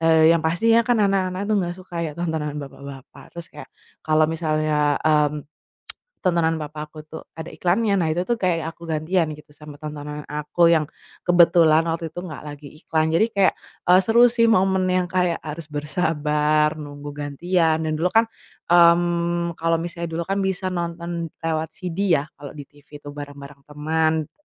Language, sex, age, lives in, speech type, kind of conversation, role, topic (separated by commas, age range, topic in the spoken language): Indonesian, female, 25-29, Indonesia, conversation, podcast, guest, Bagaimana platform streaming memengaruhi cara kita menonton televisi?